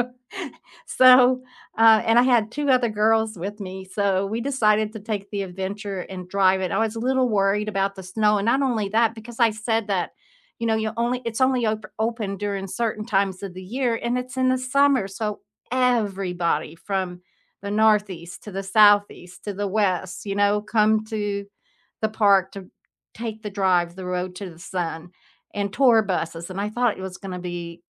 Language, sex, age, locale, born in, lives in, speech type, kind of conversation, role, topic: English, female, 70-74, en-US, United States, United States, conversation, unstructured, none, Which tourist traps have surprised you by actually being worth it?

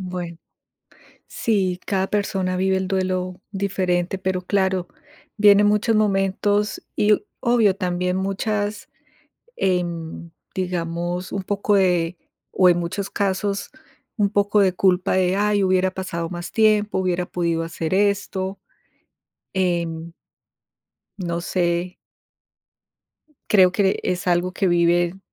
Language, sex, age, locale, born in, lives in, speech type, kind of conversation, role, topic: Spanish, female, 50-54, es-CO, Colombia, United States, conversation, unstructured, none, ¿Cuál es tu tradición cultural favorita y por qué?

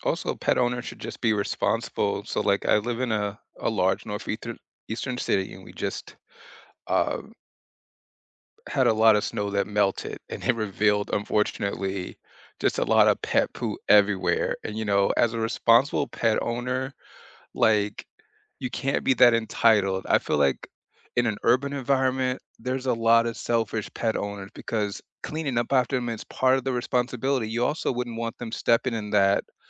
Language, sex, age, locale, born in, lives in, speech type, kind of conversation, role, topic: English, male, 40-44, en-US, United States, United States, conversation, unstructured, none, What should you consider before adopting a pet?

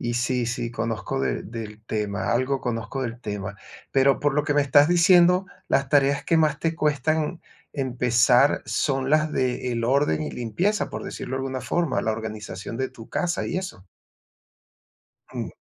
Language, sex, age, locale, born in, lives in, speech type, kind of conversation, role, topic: Spanish, male, 55-59, es-VE, Venezuela, Portugal, conversation, advice, advisor, ¿Cómo puedo dejar de procrastinar y priorizar mis tareas?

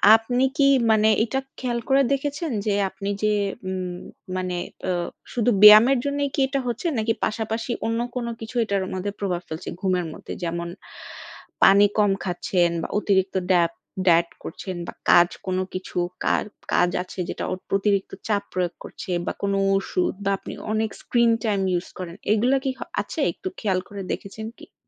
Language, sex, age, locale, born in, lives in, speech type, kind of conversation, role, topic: Bengali, female, 30-34, bn-BD, Bangladesh, Bangladesh, conversation, advice, advisor, তীব্র ব্যায়ামের পরে পর্যাপ্ত ঘুম না হলে শরীর ঠিকভাবে সেরে উঠতে না পারা কেন হয়?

